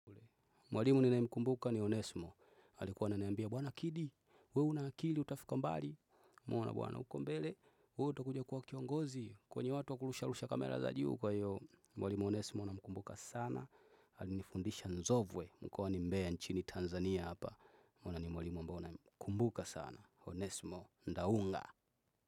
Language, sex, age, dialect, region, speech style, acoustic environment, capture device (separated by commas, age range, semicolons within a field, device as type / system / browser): Swahili, male, 25-34, Tanzania - Dar es Salaam, Tanzania, focused, nature, desktop / Windows / Chrome